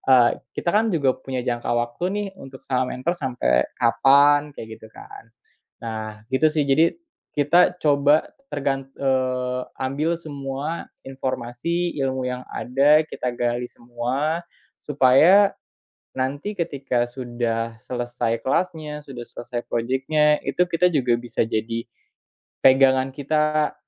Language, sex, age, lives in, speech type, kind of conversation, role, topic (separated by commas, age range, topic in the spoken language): Indonesian, male, 25-29, Indonesia, conversation, podcast, guest, Apa peran teman atau mentor saat kamu mencoba belajar ulang?